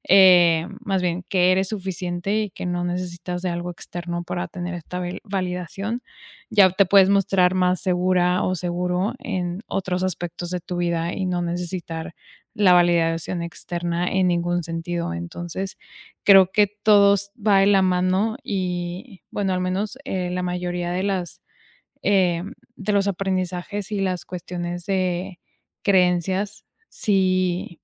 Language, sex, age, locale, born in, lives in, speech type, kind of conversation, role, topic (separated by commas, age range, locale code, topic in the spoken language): Spanish, female, 25-29, es-MX, Mexico, Mexico, conversation, podcast, guest, ¿Qué acción simple recomendarías para empezar a soltar una creencia o hábito hoy?